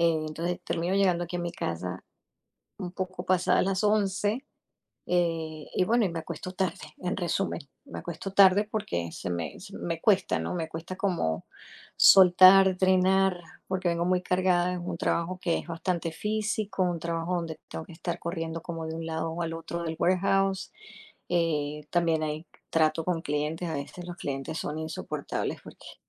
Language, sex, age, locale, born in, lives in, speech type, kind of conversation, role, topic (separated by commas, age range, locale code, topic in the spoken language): Spanish, female, 50-54, es-US, Venezuela, United States, conversation, advice, user, ¿Cómo puedo manejar la culpa por reducir mis horas de trabajo para cuidar mi salud mental?